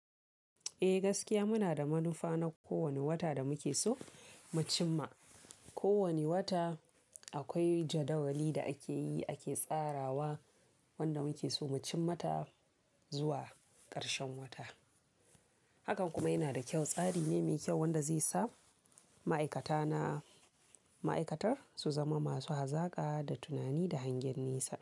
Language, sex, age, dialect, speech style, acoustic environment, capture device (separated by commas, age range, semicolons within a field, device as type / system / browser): Hausa, female, 18-24, Nigeria - Kano, focused, people talking; silence, mobile / Linux / Chrome